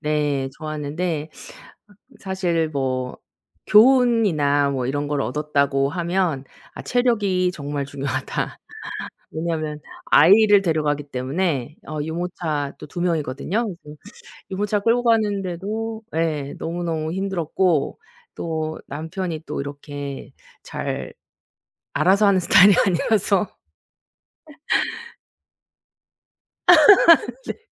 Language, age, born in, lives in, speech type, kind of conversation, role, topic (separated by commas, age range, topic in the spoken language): Korean, 40-44, South Korea, South Korea, conversation, unstructured, none, 여행을 통해 얻은 가장 큰 교훈은 무엇인가요?